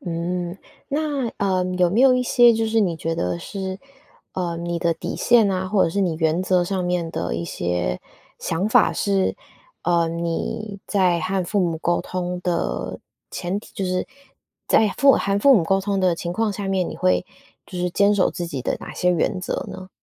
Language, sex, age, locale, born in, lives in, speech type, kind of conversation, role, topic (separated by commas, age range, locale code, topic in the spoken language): Chinese, female, 25-29, zh-TW, Taiwan, United States, conversation, podcast, host, 当你和长辈意见不合时，怎么才能既尊重对方又坚持自己的界限？